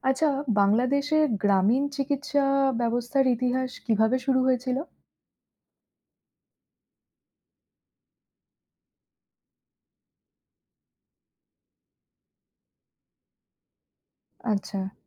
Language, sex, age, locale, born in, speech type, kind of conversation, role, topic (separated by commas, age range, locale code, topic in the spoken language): Bengali, female, 30-34, bn-IN, India, read, expert-assistant, asker, বাংলাদেশের গ্রামীণ চিকিৎসা ব্যবস্থার ইতিহাস